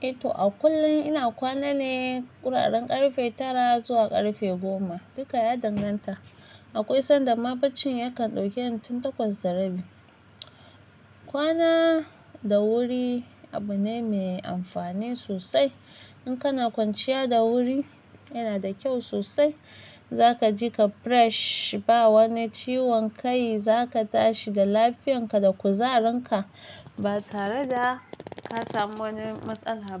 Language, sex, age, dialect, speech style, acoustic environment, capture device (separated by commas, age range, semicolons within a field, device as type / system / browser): Hausa, female, 25-34, Nigeria - Nigerian Standard, happy; excited; focused; relaxed, silence, mobile / Linux / Chrome